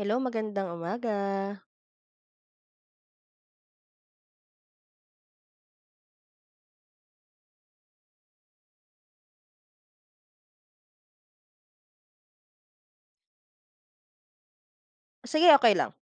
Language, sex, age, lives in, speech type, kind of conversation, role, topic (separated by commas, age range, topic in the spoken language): Filipino, female, 25-29, Philippines, conversation, unstructured, none, Paano naapektuhan ng pagputol ng mga puno ang inyong lugar?